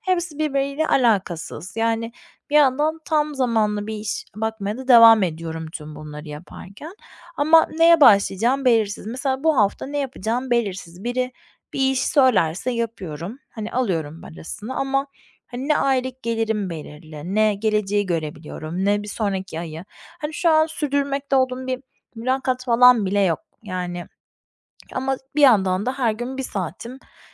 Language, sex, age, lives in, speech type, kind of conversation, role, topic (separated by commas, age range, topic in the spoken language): Turkish, female, 30-34, Spain, conversation, advice, user, Belirsizlik karşısında duygusal dayanıklılığımı nasıl geliştirebilirim?